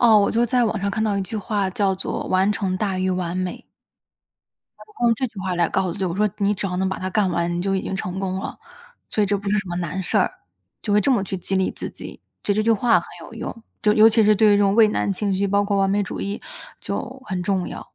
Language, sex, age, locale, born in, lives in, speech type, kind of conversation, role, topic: Chinese, female, 25-29, zh-CN, China, United States, conversation, podcast, guest, 遇到拖延时，你用什么方法自我约束？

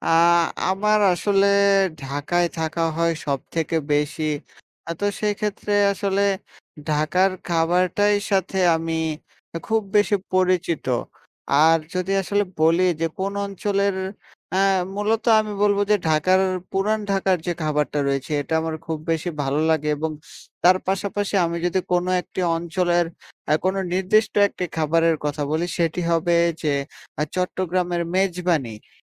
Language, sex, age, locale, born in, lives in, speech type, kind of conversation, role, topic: Bengali, male, 20-24, bn-BD, Bangladesh, Bangladesh, conversation, unstructured, none, আপনি কি বিভিন্ন অঞ্চলের খাবারে কোনো পার্থক্য লক্ষ্য করেছেন?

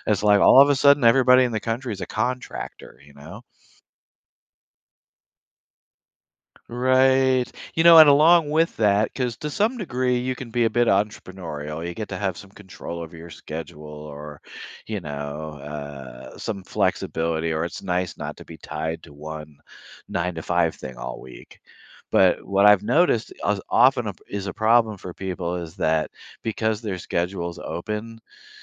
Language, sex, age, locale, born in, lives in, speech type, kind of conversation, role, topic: English, male, 60-64, en-US, United States, United States, conversation, advice, advisor, How can I manage daily stress from school, family, and chores so I can sleep and focus better?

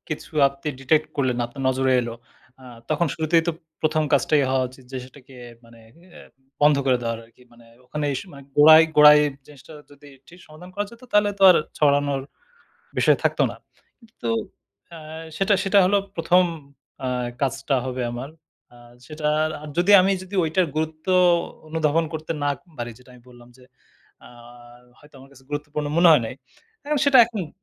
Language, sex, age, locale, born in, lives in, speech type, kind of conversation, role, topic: Bengali, male, 30-34, bn-BD, Bangladesh, Bangladesh, conversation, podcast, guest, ভুয়া খবর চিনতে আপনি কী কী নিয়ম মেনে চলেন?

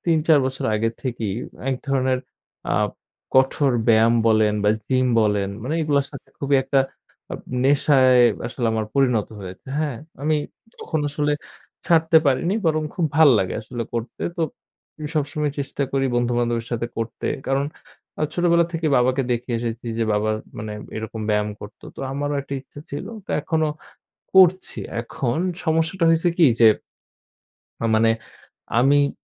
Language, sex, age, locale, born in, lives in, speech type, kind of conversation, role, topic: Bengali, male, 20-24, bn-BD, Bangladesh, Bangladesh, conversation, advice, user, কঠোর ব্যায়ামের পরে পর্যাপ্ত পুনরুদ্ধার ও ঘুম না হলে আমি কী করব?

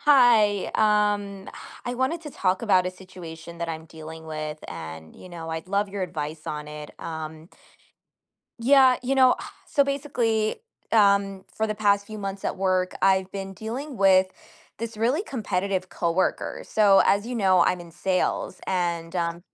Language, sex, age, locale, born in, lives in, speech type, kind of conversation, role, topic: English, female, 25-29, en-US, United States, United States, conversation, advice, user, How can I stop coworkers from taking credit for my work?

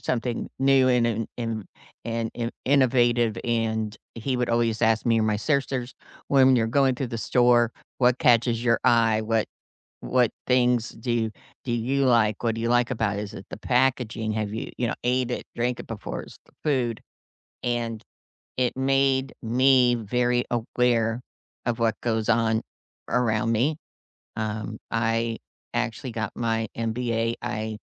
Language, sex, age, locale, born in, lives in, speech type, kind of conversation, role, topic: English, female, 55-59, en-US, United States, United States, conversation, unstructured, none, What makes you feel most like yourself?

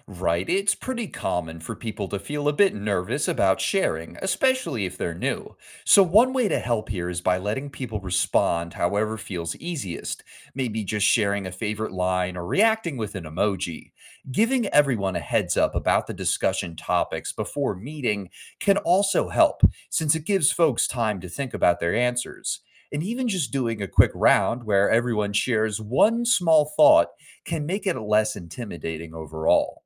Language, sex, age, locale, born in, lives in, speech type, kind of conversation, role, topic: English, male, 25-29, en-US, United States, United States, read, expert-assistant, expert, How could we reimagine book reviews to be more engaging and interactive for a wider audience?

